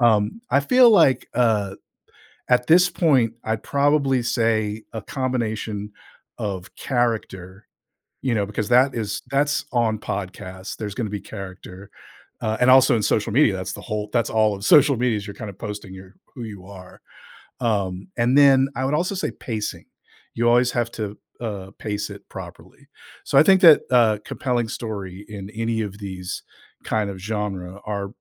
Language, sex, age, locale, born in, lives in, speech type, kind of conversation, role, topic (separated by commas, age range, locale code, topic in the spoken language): English, male, 50-54, en-US, United States, United States, conversation, podcast, guest, What makes a story compelling across different media?